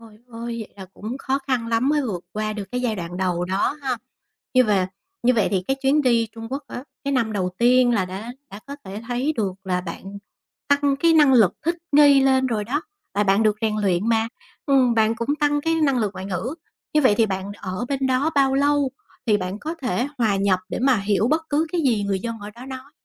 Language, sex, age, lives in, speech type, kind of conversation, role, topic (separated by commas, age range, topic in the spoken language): Vietnamese, female, 40-44, Vietnam, conversation, podcast, host, Chuyến đi nào đã thay đổi bạn nhiều nhất?